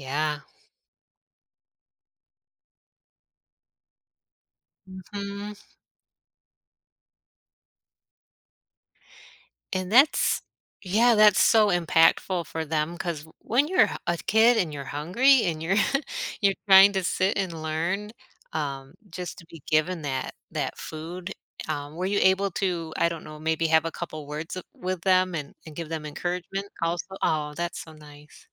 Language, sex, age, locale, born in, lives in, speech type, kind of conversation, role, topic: English, female, 40-44, en-US, United States, United States, conversation, podcast, host, What qualities help teachers make a lasting impact on their students?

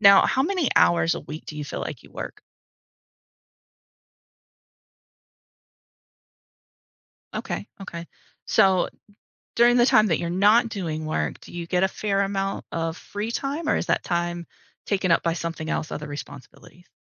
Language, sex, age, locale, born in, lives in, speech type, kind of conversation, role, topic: English, female, 40-44, en-US, United States, United States, conversation, advice, advisor, How can I set better boundaries so work doesn't take over my personal life?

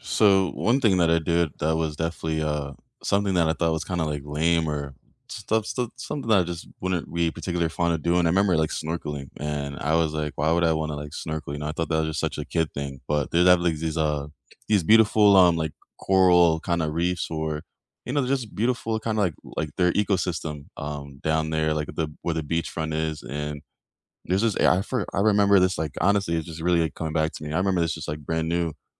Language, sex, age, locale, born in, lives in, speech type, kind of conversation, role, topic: English, male, 25-29, en-US, United States, United States, conversation, unstructured, none, What is a travel memory you often revisit in your mind?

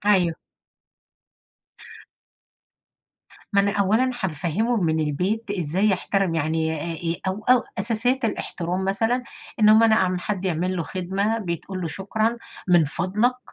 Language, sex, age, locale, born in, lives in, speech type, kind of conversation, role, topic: Arabic, female, 50-54, ar-EG, Egypt, United States, conversation, unstructured, none, إزاي شايف أهمية الاحترام في التعامل مع الناس التانيين؟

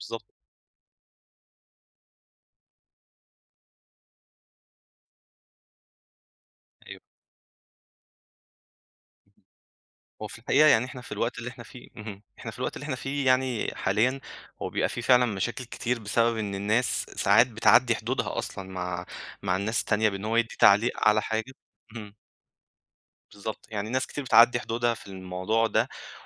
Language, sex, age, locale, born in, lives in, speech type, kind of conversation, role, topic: Arabic, male, 25-29, ar-EG, Egypt, Egypt, conversation, advice, advisor, إزاي أتعامل مع النقد بشكل بنّاء من غير ما أحس بالإحباط أو أبقى في موقف دفاعي؟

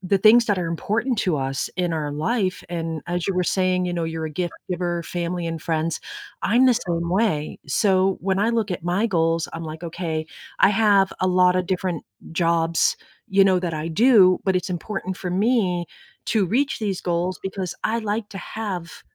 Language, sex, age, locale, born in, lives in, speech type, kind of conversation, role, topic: English, female, 50-54, en-US, United States, United States, conversation, unstructured, none, What is your strategy for setting and achieving personal goals?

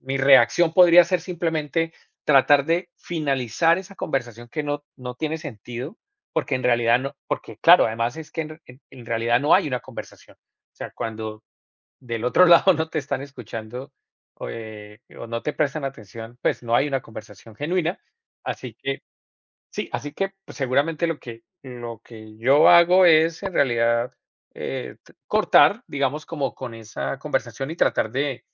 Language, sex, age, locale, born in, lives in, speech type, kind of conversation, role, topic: Spanish, male, 45-49, es-CO, Colombia, United States, conversation, unstructured, none, ¿Has sentido tristeza por no sentirte escuchado tal como eres?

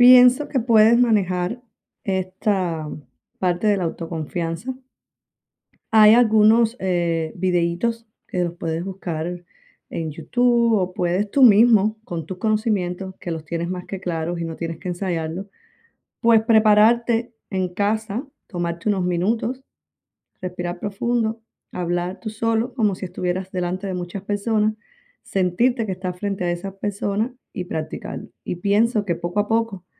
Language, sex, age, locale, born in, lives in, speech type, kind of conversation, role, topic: Spanish, female, 35-39, es-CU, Cuba, United States, conversation, advice, advisor, ¿Cómo te has sentido inseguro al pedir reconocimiento o crédito por tu trabajo?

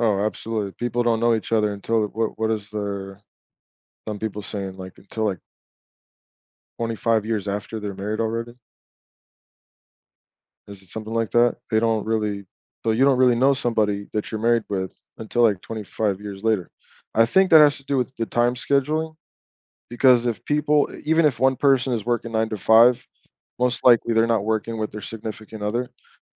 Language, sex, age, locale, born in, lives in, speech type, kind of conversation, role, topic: English, male, 35-39, en-US, United States, United States, conversation, unstructured, none, Would you rather invest in relationships or personal growth?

